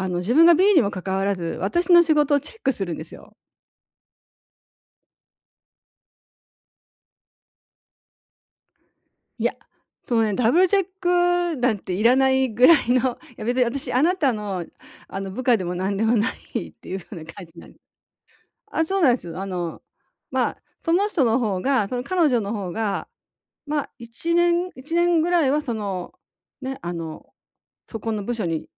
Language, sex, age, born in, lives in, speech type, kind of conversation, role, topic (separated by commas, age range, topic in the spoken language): Japanese, female, 60-64, Japan, Japan, conversation, advice, user, 日常のストレスをどのように分析して、自分で変えられる部分を見つければよいですか？